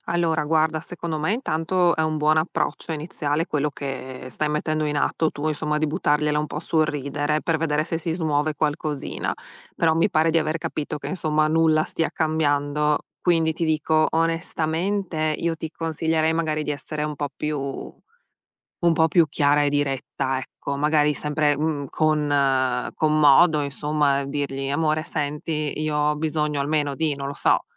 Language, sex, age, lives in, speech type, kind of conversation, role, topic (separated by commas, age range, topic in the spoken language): Italian, female, 35-39, United States, conversation, advice, advisor, Come posso adattarmi alla convivenza con un nuovo partner quando abbiamo abitudini diverse?